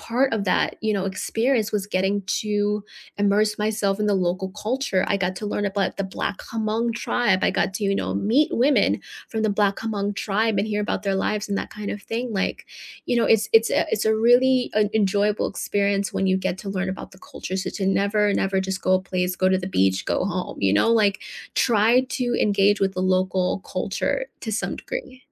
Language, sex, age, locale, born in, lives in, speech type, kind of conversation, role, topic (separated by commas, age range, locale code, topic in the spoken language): English, female, 25-29, en-US, United States, United States, conversation, unstructured, none, How can you plan travel that respects the environment and local communities, and which simple choices make the biggest difference?